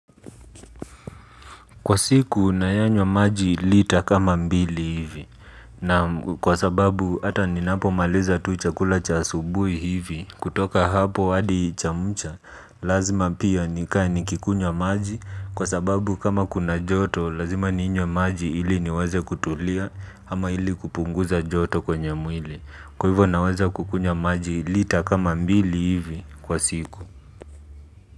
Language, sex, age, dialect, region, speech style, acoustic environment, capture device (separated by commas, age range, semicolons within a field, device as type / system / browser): Swahili, male, 25-34, Kenya - Nairobi Sheng-influenced, Kenya, relaxed, appliances, mobile / Linux / Chrome